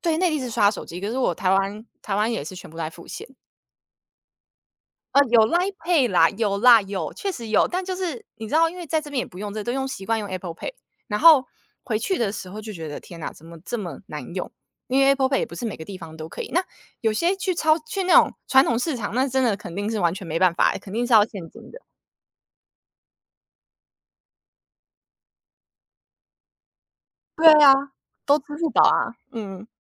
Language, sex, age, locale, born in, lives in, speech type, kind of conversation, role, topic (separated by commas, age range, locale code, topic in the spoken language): Chinese, female, 30-34, zh-TW, United States, United States, conversation, unstructured, none, 你怎么看待信用卡容易让人负债的问题？